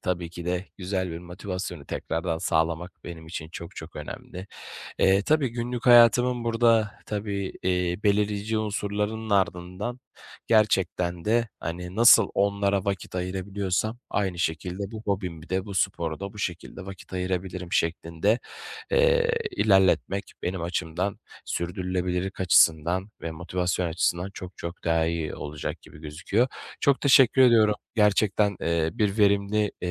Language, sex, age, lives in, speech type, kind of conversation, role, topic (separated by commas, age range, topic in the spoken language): Turkish, male, 25-29, Bulgaria, conversation, advice, user, Hobilerimi iş ve okul hayatımla günlük yaşamda nasıl dengede tutabilirim?